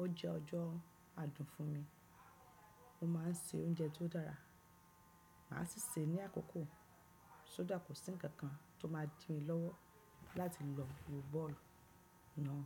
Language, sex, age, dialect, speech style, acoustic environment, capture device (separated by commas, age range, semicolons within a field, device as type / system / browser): Yoruba, female, 35-44, Nigeria - Lagos Yoruba, relaxed, nature, desktop / Windows / Chrome